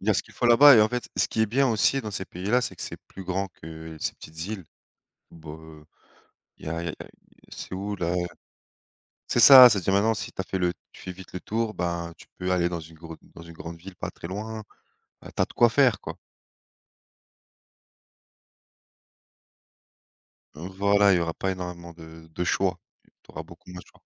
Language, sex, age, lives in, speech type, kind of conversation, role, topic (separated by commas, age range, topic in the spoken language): French, male, 30-34, France, conversation, unstructured, none, Quels endroits rêves-tu de visiter un jour ?